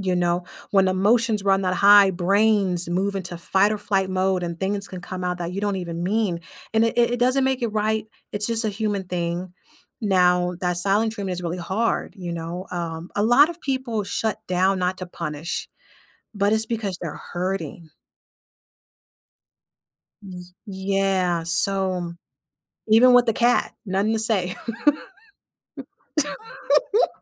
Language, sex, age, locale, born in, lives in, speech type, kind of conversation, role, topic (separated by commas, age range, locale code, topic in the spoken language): English, female, 30-34, en-US, United States, United States, conversation, advice, advisor, How can I apologize and rebuild trust after saying something hurtful to my partner?